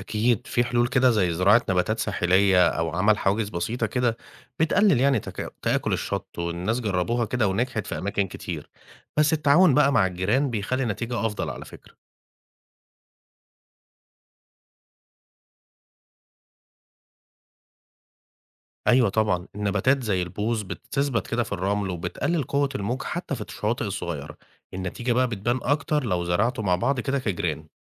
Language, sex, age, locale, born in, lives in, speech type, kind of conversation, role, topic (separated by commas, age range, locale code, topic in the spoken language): Arabic, male, 35-39, ar-EG, Egypt, Egypt, read, expert-assistant, expert, إيه الحلول العملية اللي بتساعد في التعامل مع تآكل السواحل المصرية بسبب البحر؟